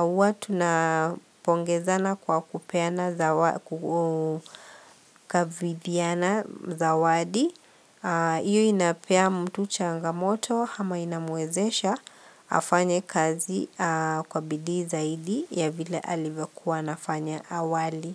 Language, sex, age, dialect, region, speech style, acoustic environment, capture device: Swahili, female, 45-54, Kenya - Nairobi Sheng-influenced, Kenya, happy; relaxed, traffic, mobile / Linux / Chrome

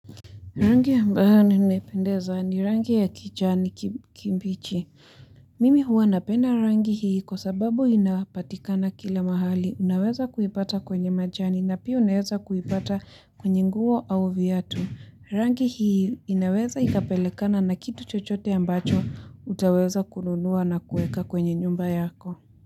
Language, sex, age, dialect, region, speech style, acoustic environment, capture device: Swahili, female, 18-24, Kenya - Nairobi Sheng-influenced, Kenya, happy; relaxed; focused, people talking; music; nature; construction, mobile / Linux / Chrome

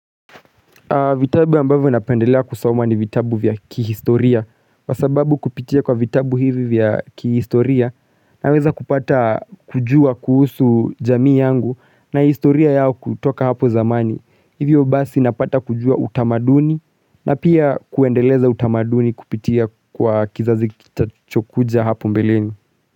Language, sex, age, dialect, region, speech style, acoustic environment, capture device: Swahili, male, 35-44, Kenya - Nairobi Sheng-influenced, Kenya, relaxed, silence, mobile / Linux / Chrome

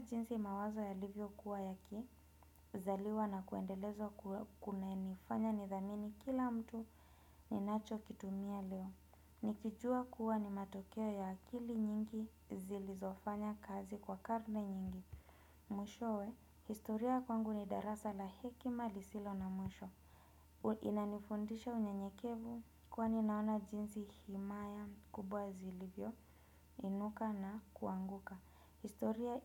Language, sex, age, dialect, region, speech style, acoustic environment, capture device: Swahili, female, 25-34, Kenya - Nairobi Sheng-influenced, Kenya, relaxed, nature; people talking; appliances, desktop / Windows / Chrome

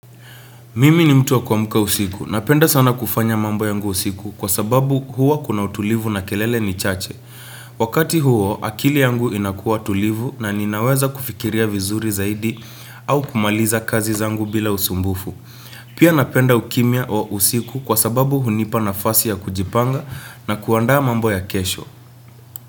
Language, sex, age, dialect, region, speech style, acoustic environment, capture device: Swahili, male, 18-24, Kenya - Nairobi Sheng-influenced, Kenya, relaxed; focused, nature; appliances, desktop / Windows / Chrome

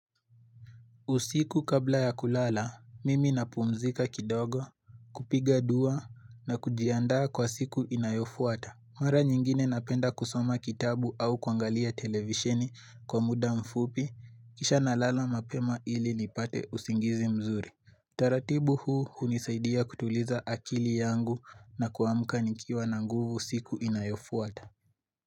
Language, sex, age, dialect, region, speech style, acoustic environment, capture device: Swahili, male, 18-24, Kenya - Nairobi Sheng-influenced, Kenya, happy, silence, mobile / Linux / Chrome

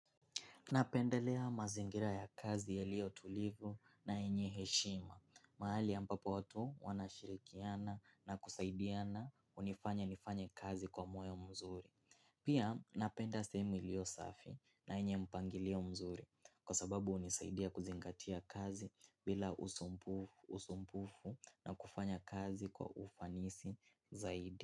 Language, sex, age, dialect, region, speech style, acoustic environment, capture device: Swahili, male, 18-24, Kenya - Mombasa, Kenya, excited; focused; happy; relaxed, silence, mobile / Linux / Chrome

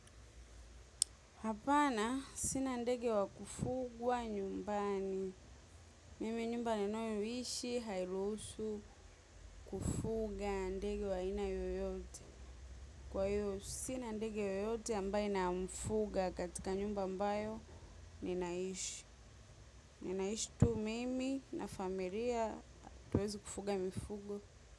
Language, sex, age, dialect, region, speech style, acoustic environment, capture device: Swahili, female, 25-34, Tanzania - Dar es Salaam, Tanzania, relaxed; happy, silence, mobile / macOS / Safari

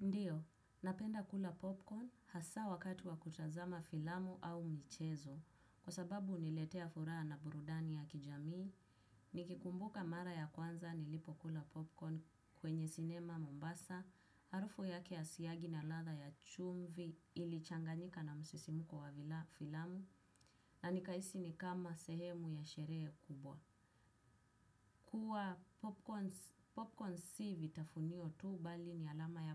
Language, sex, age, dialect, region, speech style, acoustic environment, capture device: Swahili, female, 18-24, Kenya - Nairobi Sheng-influenced, Kenya, focused, silence, desktop / Windows / Chrome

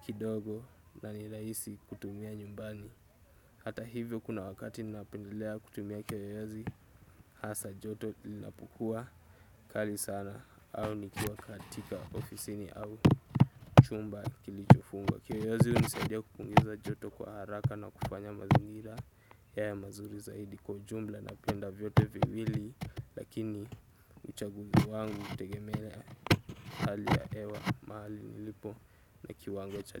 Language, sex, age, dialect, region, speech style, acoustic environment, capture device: Swahili, male, 18-24, Kenya - Nairobi Sheng-influenced, Kenya, relaxed, nature, desktop / Windows / Chrome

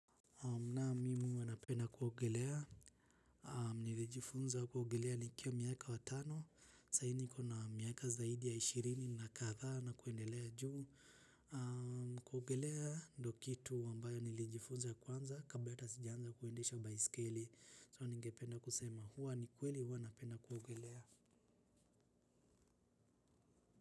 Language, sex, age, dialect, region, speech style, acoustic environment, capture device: Swahili, male, 18-24, Kenya - Nairobi Sheng-influenced, Kenya, relaxed, silence, desktop / Linux / Chrome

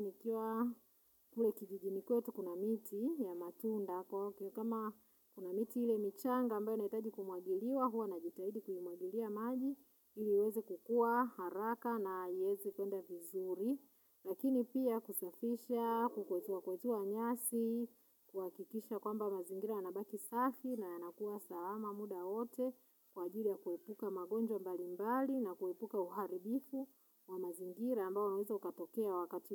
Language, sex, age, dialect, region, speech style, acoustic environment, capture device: Swahili, female, 35-44, Tanzania - Dar es Salaam, Tanzania, focused, silence, mobile / Linux / Chrome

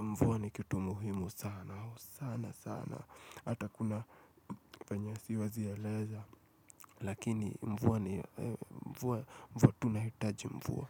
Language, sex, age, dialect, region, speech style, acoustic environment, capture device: Swahili, male, 25-34, Kenya - Nairobi Sheng-influenced, Kenya, relaxed, silence, mobile / Linux / Chrome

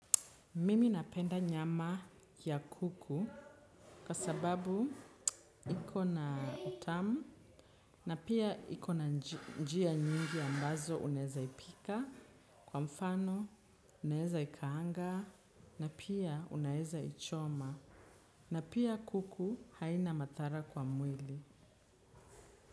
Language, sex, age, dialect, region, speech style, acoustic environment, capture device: Swahili, female, 25-34, Kenya - Nairobi Sheng-influenced, Kenya, relaxed; excited, people talking, desktop / Windows / Chrome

